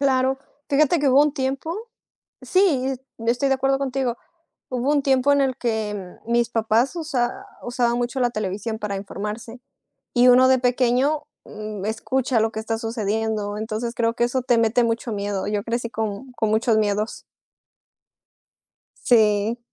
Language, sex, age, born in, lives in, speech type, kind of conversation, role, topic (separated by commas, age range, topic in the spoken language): Spanish, female, 25-29, Mexico, Mexico, conversation, unstructured, none, ¿Crees que los medios manipulan la información para defender sus propios intereses?